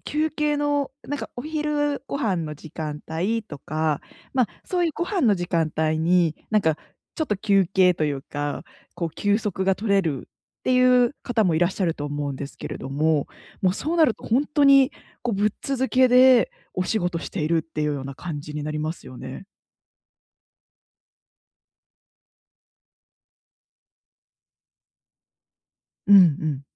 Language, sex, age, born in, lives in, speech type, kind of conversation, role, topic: Japanese, female, 25-29, Japan, Japan, conversation, advice, advisor, 毎日の忙しさの中で、休む時間をどう習慣にできますか？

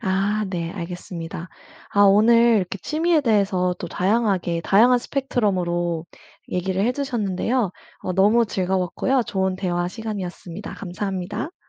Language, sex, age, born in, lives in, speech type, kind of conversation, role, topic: Korean, female, 25-29, South Korea, United States, conversation, podcast, host, 그 취미는 어떻게 시작하게 되셨나요?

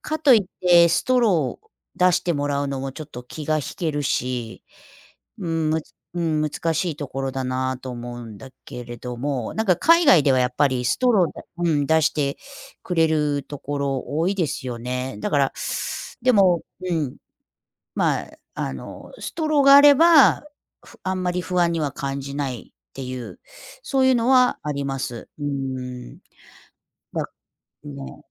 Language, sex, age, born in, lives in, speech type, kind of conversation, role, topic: Japanese, female, 55-59, Japan, United States, conversation, unstructured, none, 外食の安全性について不安に感じることはありますか？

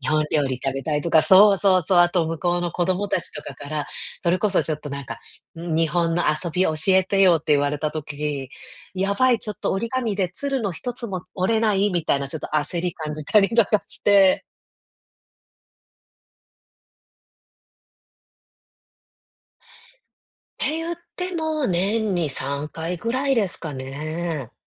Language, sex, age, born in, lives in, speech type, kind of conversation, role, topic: Japanese, female, 35-39, Japan, United States, conversation, advice, user, 家族の文化的な期待と自分の気持ちは、どうすれば両立できますか？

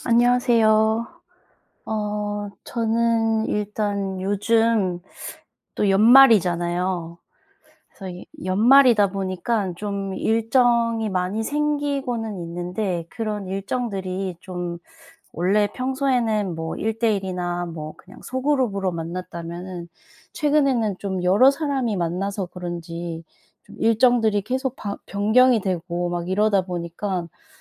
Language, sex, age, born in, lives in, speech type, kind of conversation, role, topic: Korean, female, 30-34, South Korea, South Korea, conversation, advice, user, 자주 바뀌는 일정과 잦은 취소 때문에 스트레스를 받을 때 어떻게 대처하면 좋을까요?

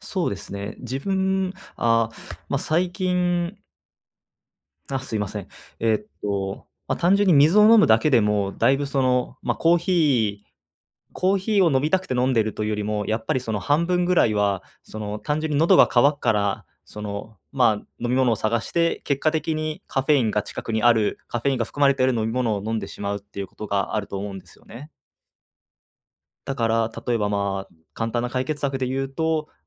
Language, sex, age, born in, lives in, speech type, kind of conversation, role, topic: Japanese, male, 20-24, Japan, Japan, conversation, advice, advisor, 夜、寝つけない日が続いていますが、どうすれば改善できますか？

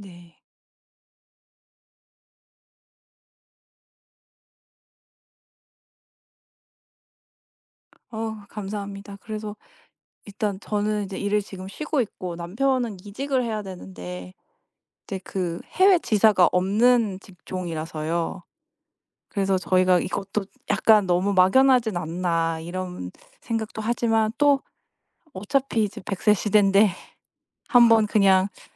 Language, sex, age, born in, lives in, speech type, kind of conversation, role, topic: Korean, female, 35-39, South Korea, United States, conversation, advice, user, 다른 도시나 다른 나라로 이사해 정착할지 고민 중인데, 어떻게 결정하는 게 좋을까요?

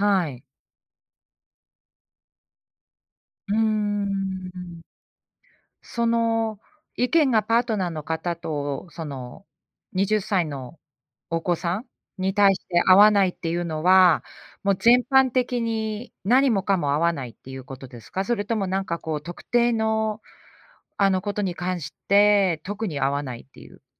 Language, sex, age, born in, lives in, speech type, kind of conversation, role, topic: Japanese, female, 45-49, Japan, United States, conversation, advice, advisor, 子どものしつけ方をめぐって親同士が対立しているとき、どうすればよいですか？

